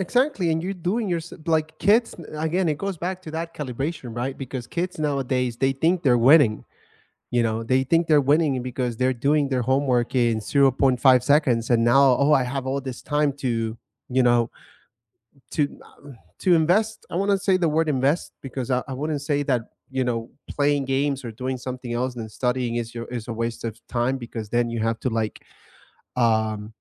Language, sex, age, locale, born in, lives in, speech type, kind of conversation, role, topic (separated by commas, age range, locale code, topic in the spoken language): English, male, 30-34, en-US, United States, United States, conversation, unstructured, none, Has classroom technology improved your learning or distracted you, and what experiences shaped your view?